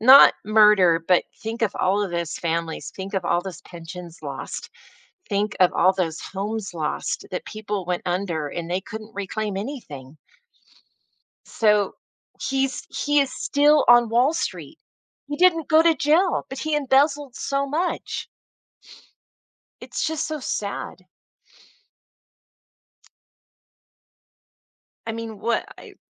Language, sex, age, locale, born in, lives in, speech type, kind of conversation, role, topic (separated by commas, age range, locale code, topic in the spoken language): English, female, 55-59, en-US, United States, United States, conversation, podcast, host, How can understanding history help us make better decisions today?